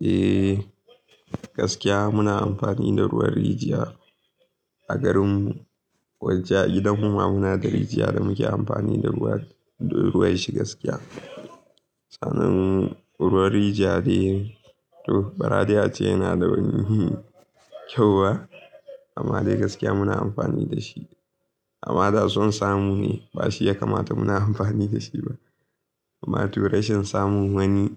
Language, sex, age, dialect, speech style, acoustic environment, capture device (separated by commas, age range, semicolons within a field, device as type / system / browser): Hausa, male, 18-24, Nigeria - Kano, excited; anxious, nature; silence, mobile / Linux / Chrome